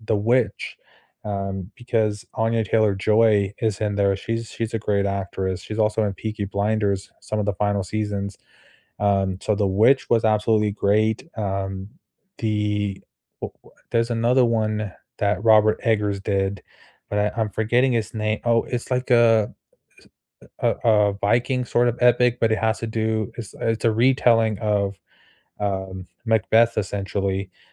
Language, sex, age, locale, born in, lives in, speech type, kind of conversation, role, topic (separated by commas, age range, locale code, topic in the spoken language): English, male, 25-29, en-US, United States, United States, conversation, unstructured, none, Which actors will you watch in anything they appear in, and which of their roles deserve more recognition?